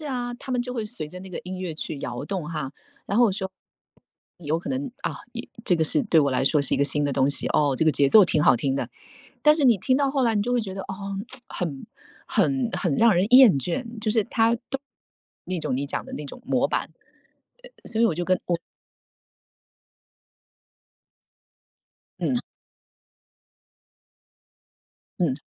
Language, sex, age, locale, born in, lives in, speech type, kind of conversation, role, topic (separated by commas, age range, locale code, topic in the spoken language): Chinese, female, 45-49, zh-TW, China, United States, conversation, unstructured, none, 传统乐器在流行音乐中如何焕发新的生机？